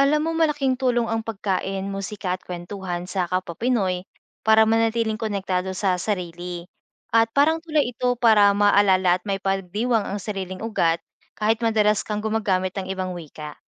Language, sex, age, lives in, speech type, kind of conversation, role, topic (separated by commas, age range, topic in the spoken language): Filipino, female, 25-29, Philippines, read, expert-assistant, expert, May mga bagay ba na mas madaling ipaliwanag o damhin sa isang wika kaysa sa iba? Ano ang epekto nito sa ating pagkakaintindi sa mundo?